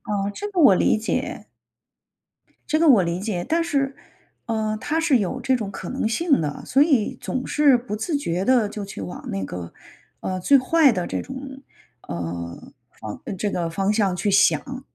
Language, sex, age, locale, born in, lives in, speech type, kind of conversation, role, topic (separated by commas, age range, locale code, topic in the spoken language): Chinese, female, 45-49, zh-CN, China, United States, conversation, advice, user, 我该如何在不确定的情况下保持冷静和平静，并保持专注？